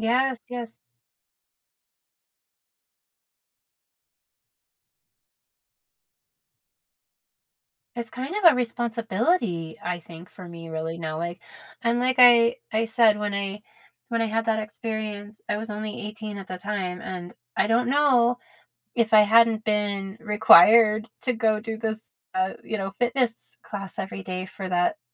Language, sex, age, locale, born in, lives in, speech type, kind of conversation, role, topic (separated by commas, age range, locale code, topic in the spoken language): English, female, 50-54, en-US, United States, United States, conversation, unstructured, none, Have you ever reached a fitness goal that you didn’t think was possible?